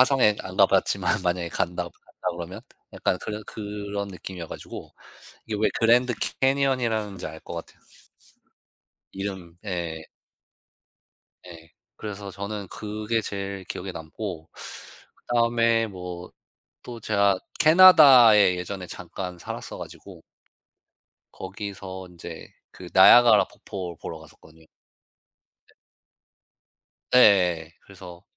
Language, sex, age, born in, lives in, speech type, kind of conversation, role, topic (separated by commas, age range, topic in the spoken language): Korean, male, 35-39, United States, United States, conversation, unstructured, none, 가장 인상 깊었던 자연 명소는 어디인가요?